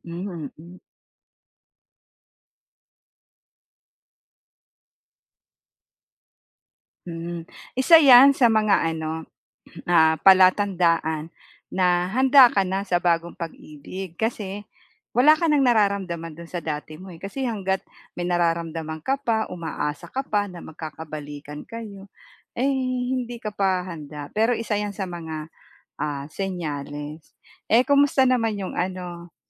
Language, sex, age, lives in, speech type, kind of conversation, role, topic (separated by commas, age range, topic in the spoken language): Filipino, female, 45-49, Philippines, conversation, advice, advisor, Paano ko malalaman kung handa na ako sa bagong pag-ibig?